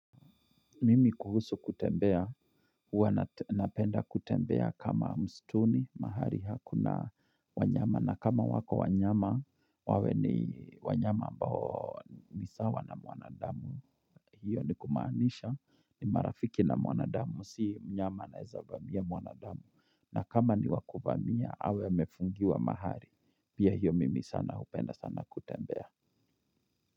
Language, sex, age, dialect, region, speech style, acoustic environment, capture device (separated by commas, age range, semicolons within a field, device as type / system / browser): Swahili, male, 35-44, Kenya - Kiambu, Kenya, nervous, nature, mobile / Linux / Chrome